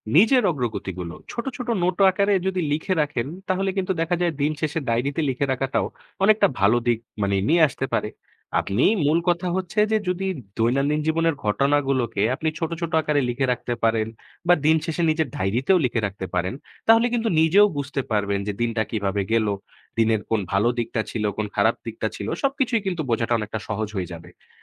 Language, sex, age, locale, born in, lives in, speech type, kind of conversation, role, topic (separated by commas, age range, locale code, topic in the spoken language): Bengali, male, 20-24, bn-BD, Bangladesh, Bangladesh, read, expert-assistant, expert, কীভাবে কম সময়ে ক্যারিয়ার ও নিজের উন্নতি করা যায়?